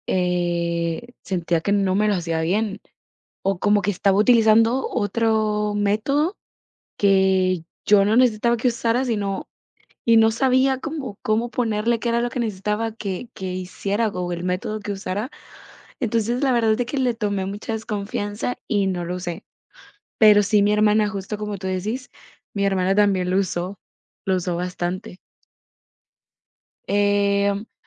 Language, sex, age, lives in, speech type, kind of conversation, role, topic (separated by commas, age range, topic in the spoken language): Spanish, female, 18-19, United States, conversation, unstructured, none, ¿Te ha sorprendido alguna vez lo rápido que avanza la tecnología?